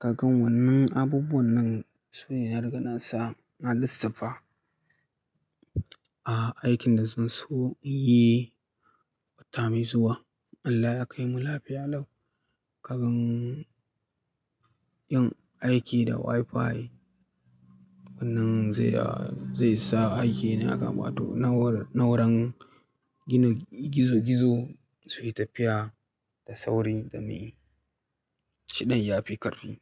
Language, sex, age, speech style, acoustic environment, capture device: Hausa, male, 25-34, relaxed, silence, mobile / Linux / Chrome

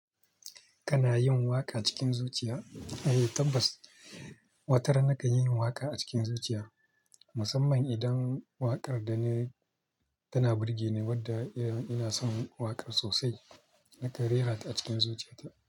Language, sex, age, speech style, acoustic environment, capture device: Hausa, male, 25-34, focused, nature; traffic, mobile / Linux / Chrome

